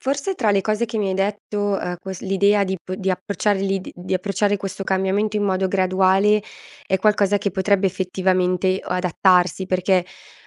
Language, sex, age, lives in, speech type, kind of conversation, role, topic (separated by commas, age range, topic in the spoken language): Italian, female, 30-34, Italy, conversation, advice, user, Come posso decidere se cambiare direzione o perseverare con la mia idea?